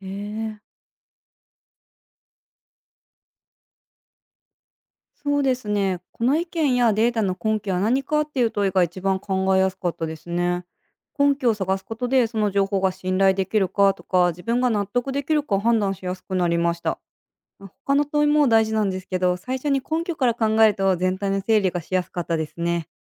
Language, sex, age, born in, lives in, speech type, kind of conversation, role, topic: Japanese, female, 30-34, Japan, United States, read, expert-assistant, asker, ニュースやSNSでいろいろな政治的意見を見たとき、自分の意見を整理しやすくするコツはありますか？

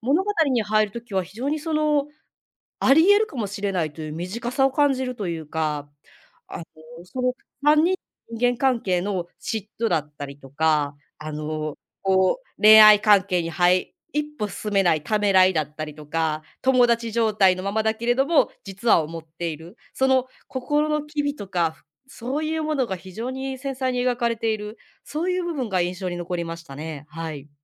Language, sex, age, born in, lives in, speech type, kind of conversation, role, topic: Japanese, female, 35-39, Japan, Japan, conversation, podcast, guest, 物語に慰められた経験はありますか？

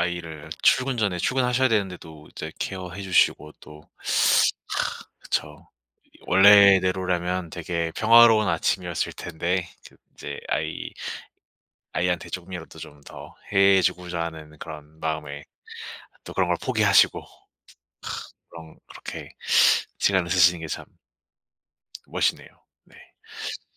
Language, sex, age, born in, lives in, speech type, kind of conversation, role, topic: Korean, male, 25-29, South Korea, South Korea, conversation, advice, advisor, 간단하고 지속 가능한 아침 습관을 어떻게 설계하고 꾸준히 유지할 수 있을까요?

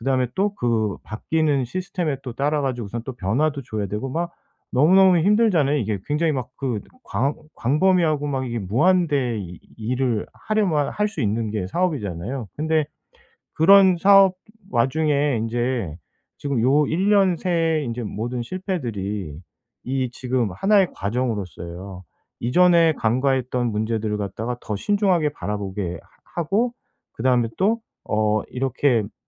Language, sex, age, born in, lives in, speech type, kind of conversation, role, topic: Korean, male, 45-49, South Korea, South Korea, conversation, advice, advisor, 부정적인 감정이 밀려올 때 어떻게 감사함을 찾을 수 있나요?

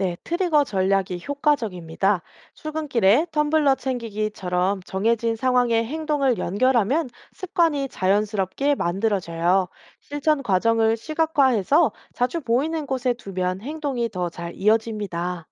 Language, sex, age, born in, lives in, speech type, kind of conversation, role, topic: Korean, female, 30-34, South Korea, South Korea, read, expert-assistant, expert, 일상에서 환경 보호를 위해 해야 할 일들을 어떻게 효과적으로 정리해서 실천할 수 있을까요?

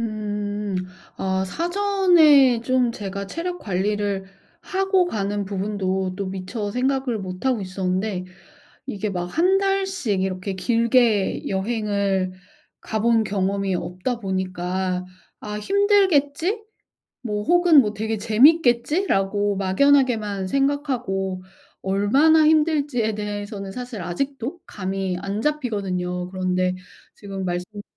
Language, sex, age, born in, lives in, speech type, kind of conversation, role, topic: Korean, female, 40-44, South Korea, United States, conversation, advice, user, 여행 중에 건강과 안전을 꾸준히 어떻게 지킬 수 있을까요?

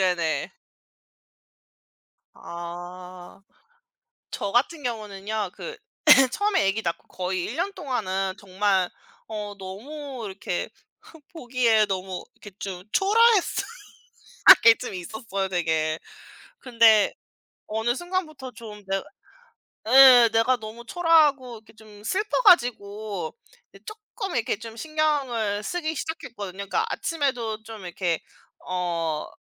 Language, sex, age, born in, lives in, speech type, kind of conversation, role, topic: Korean, female, 35-39, South Korea, United States, conversation, unstructured, none, 매일 다른 스타일의 옷을 입는 것과 편한 옷을 반복해서 입는 것 중 어떤 쪽을 더 선호하시나요?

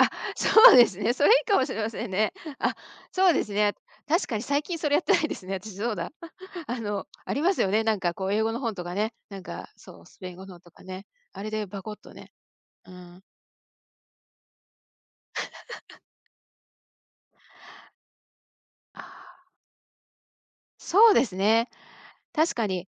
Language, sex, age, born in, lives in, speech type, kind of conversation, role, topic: Japanese, female, 55-59, Japan, United States, conversation, advice, user, 夜のスマホ使用を減らして、睡眠習慣を整えるにはどうすればよいですか？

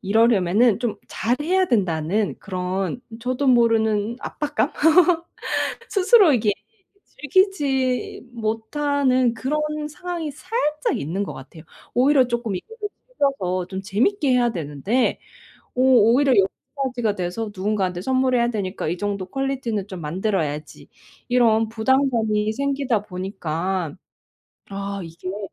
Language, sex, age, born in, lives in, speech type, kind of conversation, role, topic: Korean, female, 30-34, South Korea, United States, conversation, advice, user, 창작 작업을 위한 작은 습관을 어떻게 만들 수 있을까요?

